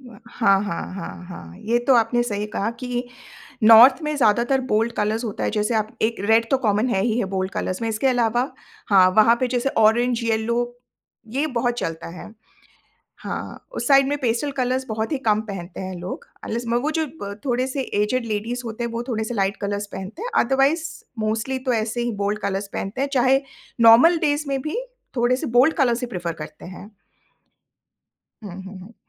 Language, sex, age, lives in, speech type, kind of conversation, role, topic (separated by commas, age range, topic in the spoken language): Hindi, female, 45-49, India, conversation, podcast, host, Colors ka tumhare mood par kitna asar padta hai?